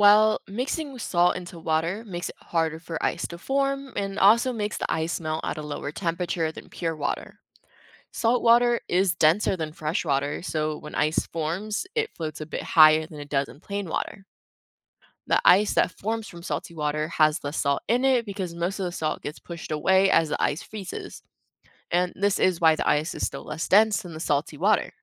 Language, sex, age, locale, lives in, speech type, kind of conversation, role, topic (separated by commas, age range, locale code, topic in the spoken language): English, female, 20-24, en-US, United States, read, expert-assistant, expert, Why does ice float on water instead of sinking?